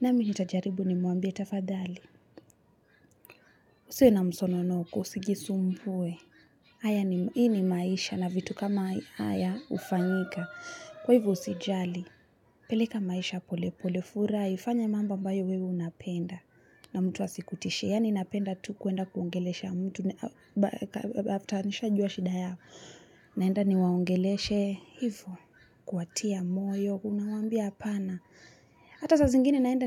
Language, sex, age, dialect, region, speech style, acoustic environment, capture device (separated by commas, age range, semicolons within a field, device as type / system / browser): Swahili, female, 25-34, Kenya - Nairobi Sheng-influenced, Kenya, relaxed, people talking; nature, mobile / Linux / Chrome